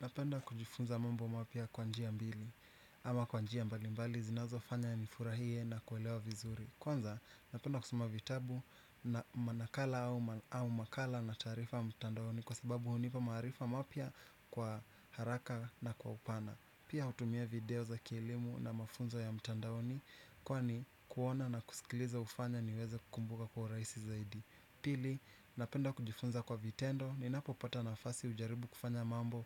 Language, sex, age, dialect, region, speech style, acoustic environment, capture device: Swahili, male, 25-34, Kenya - Nairobi Sheng-influenced, Kenya, anxious; relaxed; nervous, nature; appliances, desktop / Windows / Chrome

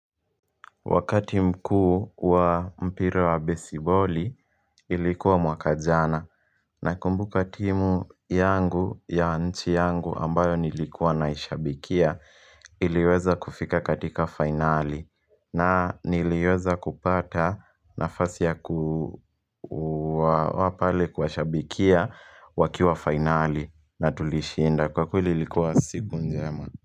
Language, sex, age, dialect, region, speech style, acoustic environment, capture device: Swahili, male, 18-24, Kenya - Nairobi Sheng-influenced, Kenya, happy; relaxed; focused, people talking; music; nature; traffic; appliances, mobile / Linux / Chrome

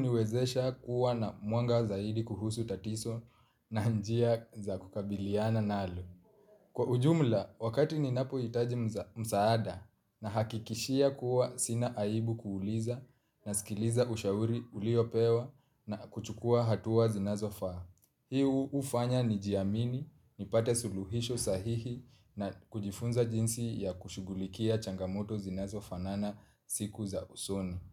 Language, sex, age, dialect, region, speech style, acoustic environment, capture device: Swahili, male, 25-34, Kenya - Nairobi Sheng-influenced, Kenya, relaxed, silence, mobile / Linux / Chrome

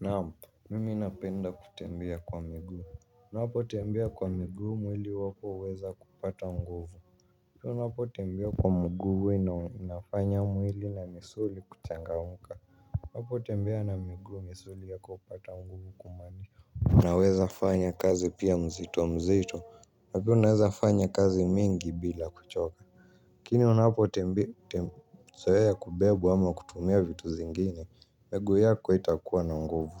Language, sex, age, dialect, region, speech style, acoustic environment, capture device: Swahili, male, 18-24, Kenya - Nairobi Sheng-influenced, Kenya, happy; relaxed, people talking; music; silence; nature, mobile / Linux / Chrome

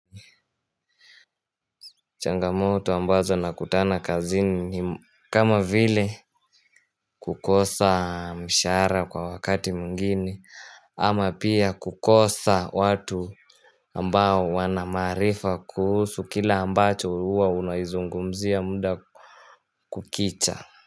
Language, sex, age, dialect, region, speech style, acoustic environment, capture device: Swahili, male, 18-24, Kenya - Mombasa, Kenya, happy, nature; silence, mobile / Linux / Chrome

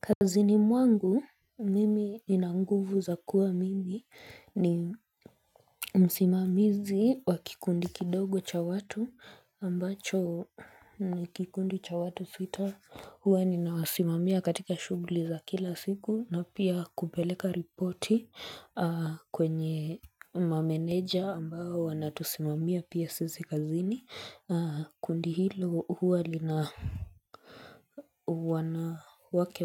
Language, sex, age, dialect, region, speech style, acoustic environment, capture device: Swahili, female, 25-34, Kenya - Nairobi Sheng-influenced, Kenya, focused, silence, mobile / Linux / Chrome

